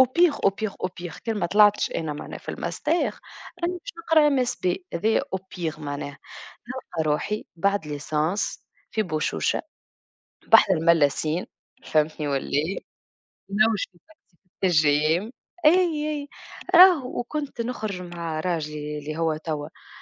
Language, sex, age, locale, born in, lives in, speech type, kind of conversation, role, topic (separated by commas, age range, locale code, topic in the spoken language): Arabic, female, 25-29, ar-TN, Tunisia, Germany, conversation, unstructured, none, إزاي بتتعامل مع ضغوطاتك اليومية بشكل عام؟